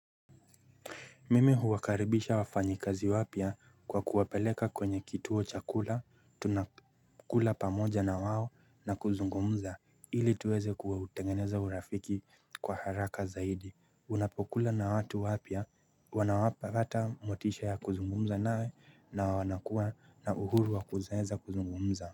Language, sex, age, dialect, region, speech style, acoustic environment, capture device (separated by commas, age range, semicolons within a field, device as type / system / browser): Swahili, male, 25-34, Kenya - Nairobi Sheng-influenced, Kenya, relaxed; focused, nature, mobile / Linux / Chrome